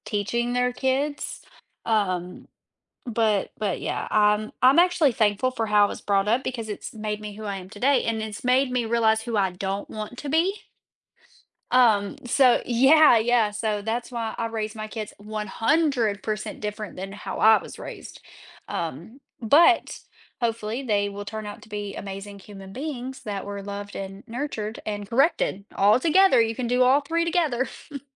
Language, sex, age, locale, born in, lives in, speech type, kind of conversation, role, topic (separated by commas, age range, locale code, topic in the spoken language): English, female, 25-29, en-US, United States, United States, conversation, podcast, host, Why is it important for students to view mistakes as opportunities for learning and growth?